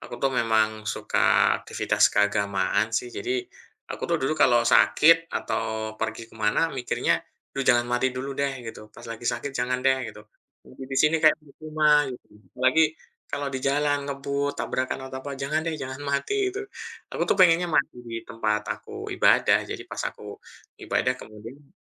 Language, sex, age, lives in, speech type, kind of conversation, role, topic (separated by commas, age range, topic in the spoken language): Indonesian, male, 40-44, Indonesia, conversation, unstructured, none, Apa yang biasanya membuatmu merasa tidak nyaman saat membicarakan kematian?